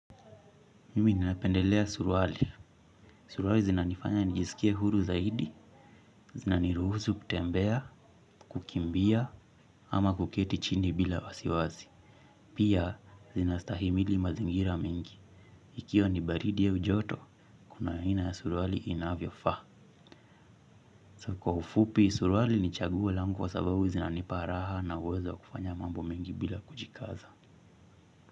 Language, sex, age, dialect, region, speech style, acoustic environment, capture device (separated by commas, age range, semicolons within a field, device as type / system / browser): Swahili, male, 25-34, Kenya - Mombasa, Kenya, relaxed, silence, mobile / Linux / Chrome